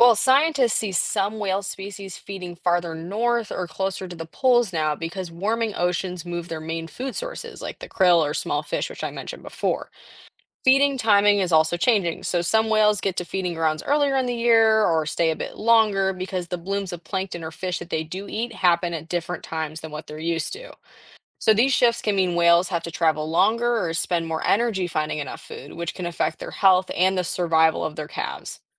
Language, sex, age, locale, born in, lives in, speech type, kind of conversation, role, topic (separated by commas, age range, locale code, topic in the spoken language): English, female, 18-19, en-US, United States, United States, read, expert-assistant, expert, How does climate change affect the migration of animals?